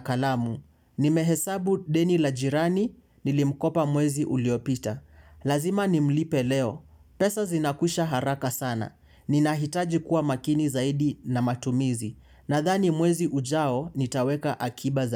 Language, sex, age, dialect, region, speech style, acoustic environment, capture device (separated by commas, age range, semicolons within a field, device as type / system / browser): Swahili, male, 18-24, Kenya - Mombasa, Kenya, focused; relaxed, silence, mobile / Linux / Chrome